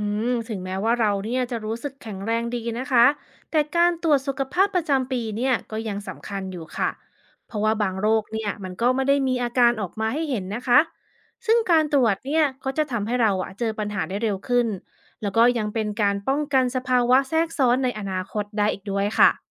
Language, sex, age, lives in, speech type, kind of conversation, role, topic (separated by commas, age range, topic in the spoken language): Thai, female, 35-39, United States, read, expert-assistant, expert, นิยามของ “สุขภาพเชิงป้องกัน” คืออะไร แตกต่างจากการรักษาเมื่อเจ็บป่วยอย่างไร?